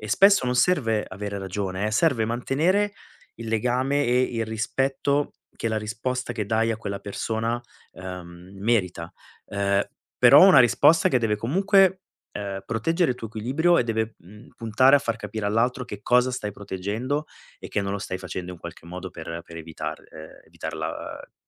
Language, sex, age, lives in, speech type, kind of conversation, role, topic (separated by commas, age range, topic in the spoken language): Italian, male, 40-44, Italy, conversation, advice, advisor, Come posso imparare a dire di no senza sentirmi sopraffatto?